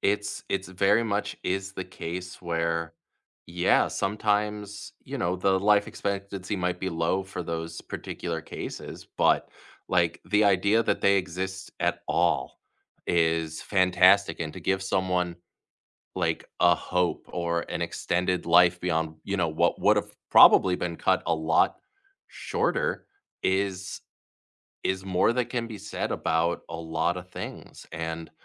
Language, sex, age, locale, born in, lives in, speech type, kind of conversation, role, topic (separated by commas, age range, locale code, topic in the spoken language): English, male, 30-34, en-US, United States, United States, conversation, unstructured, none, How has science made everyday life easier?